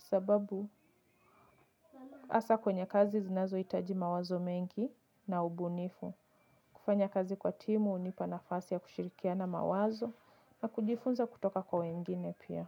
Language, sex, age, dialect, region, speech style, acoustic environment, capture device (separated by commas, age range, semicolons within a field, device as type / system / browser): Swahili, female, 25-34, Kenya - Nairobi Sheng-influenced, Kenya, relaxed, music; people talking, mobile / Linux / Chrome